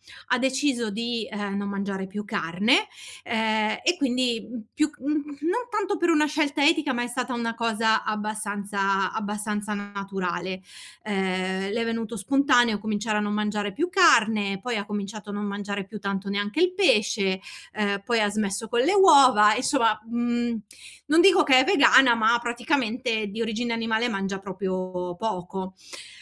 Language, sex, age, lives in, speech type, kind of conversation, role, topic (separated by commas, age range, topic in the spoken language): Italian, female, 40-44, Italy, conversation, advice, user, Come ti senti quando mangi in modo diverso dalla tua famiglia e ti senti escluso?